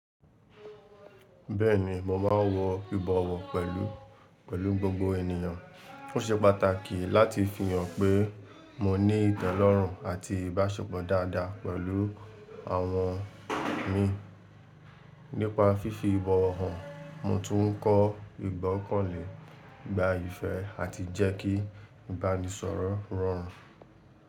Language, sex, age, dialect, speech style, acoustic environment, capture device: Yoruba, male, 18-24, Nigeria - Lagos Yoruba, relaxed, people talking; appliances, mobile / Linux / Chrome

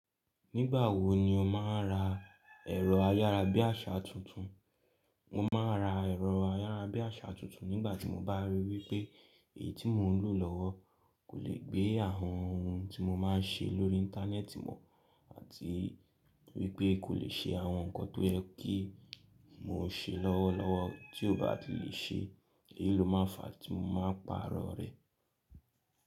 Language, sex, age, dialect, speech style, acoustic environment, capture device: Yoruba, male, 18-24, Nigeria - Lagos Yoruba, happy, nature, mobile / Linux / Chrome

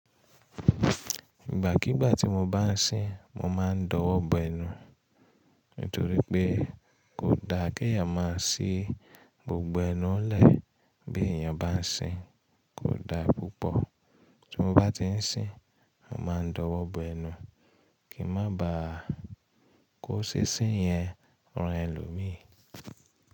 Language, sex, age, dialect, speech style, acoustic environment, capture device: Yoruba, female, 25-34, Nigeria - Ibadan, relaxed, nature, mobile / Linux / Chrome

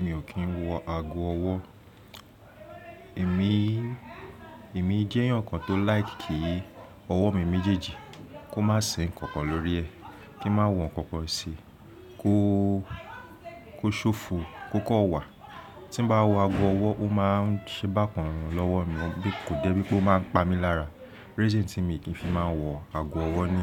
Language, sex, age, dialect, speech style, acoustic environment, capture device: Yoruba, male, 25-34, Nigeria - Lagos Yoruba, relaxed, silence, mobile / Linux / Chrome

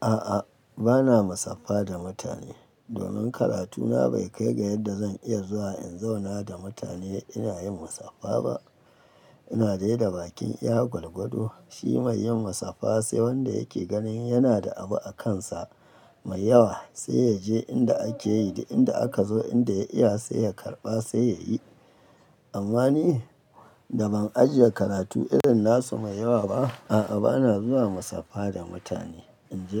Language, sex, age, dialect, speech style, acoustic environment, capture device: Hausa, male, 35-44, Nigeria - Kano, happy, nature, mobile / Linux / Chrome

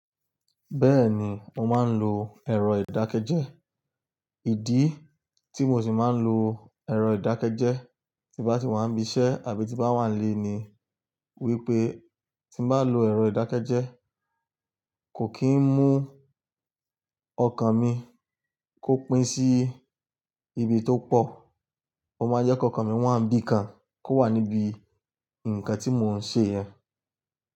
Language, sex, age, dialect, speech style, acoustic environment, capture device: Yoruba, male, 25-34, Nigeria - Lagos Yoruba, relaxed; focused, silence; nature, desktop / Linux / Chrome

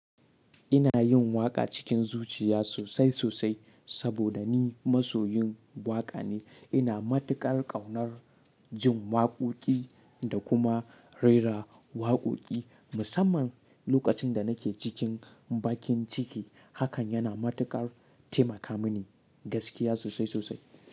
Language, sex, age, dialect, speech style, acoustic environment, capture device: Hausa, male, 18-24, Nigeria - Katsina, relaxed; happy; excited; focused, silence, mobile / Linux / Chrome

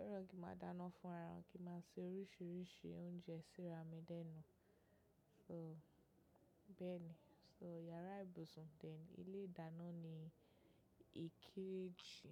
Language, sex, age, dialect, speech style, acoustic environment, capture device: Yoruba, female, 25-34, Nigeria - Lagos Yoruba, focused, nature, mobile / macOS / Safari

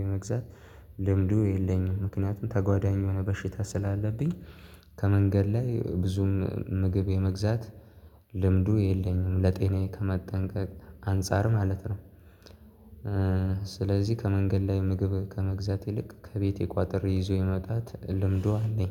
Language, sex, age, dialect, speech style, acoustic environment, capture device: Amharic, male, 18-24, Ethiopia - Addis Ababa, happy, silence, mobile / Linux / Chrome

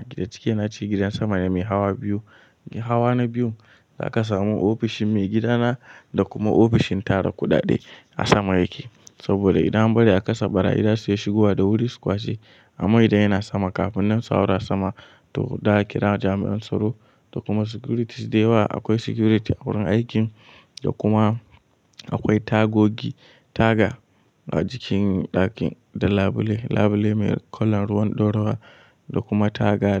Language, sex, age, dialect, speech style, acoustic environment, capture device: Hausa, male, 18-24, Nigeria - Kano, anxious; happy, nature, mobile / Linux / Chrome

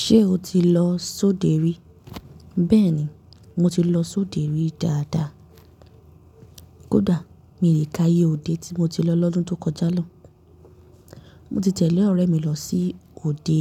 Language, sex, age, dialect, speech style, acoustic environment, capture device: Yoruba, female, 18-24, Nigeria - Nigerian Standard, excited, nature, mobile / Linux / Chrome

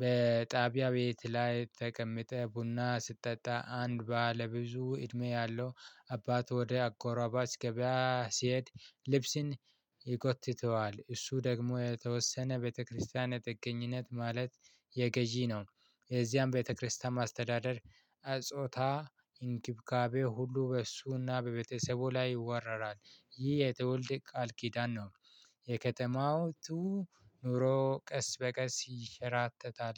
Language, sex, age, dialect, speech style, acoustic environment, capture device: Amharic, male, 25-34, Ethiopia - Addis Ababa, excited, nature, mobile / Linux / Chrome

